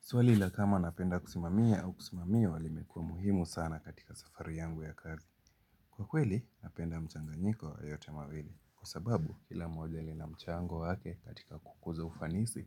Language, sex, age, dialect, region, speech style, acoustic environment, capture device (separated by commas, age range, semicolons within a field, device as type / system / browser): Swahili, male, 18-24, Kenya - Mombasa, Kenya, happy; excited, music, mobile / Linux / Chrome